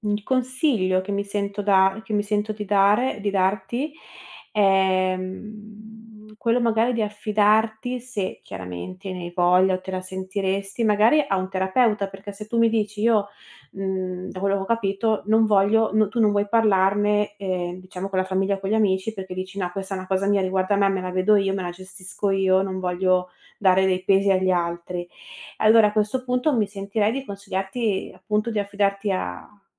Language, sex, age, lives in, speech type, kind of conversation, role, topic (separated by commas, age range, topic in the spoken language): Italian, female, 30-34, Italy, conversation, advice, advisor, Come si manifesta la tua autocritica cronica che finisce per bloccare tutte le tue idee?